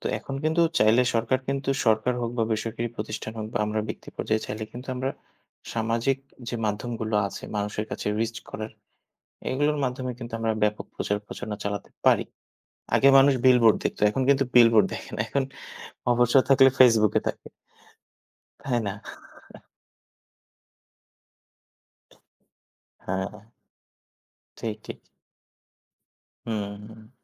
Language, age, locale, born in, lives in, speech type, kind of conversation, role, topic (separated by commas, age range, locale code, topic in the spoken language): Bengali, 30-34, bn-BD, Bangladesh, Bangladesh, conversation, unstructured, none, জলবায়ু পরিবর্তন সম্পর্কে সাধারণ মানুষের সচেতনতা কতটা?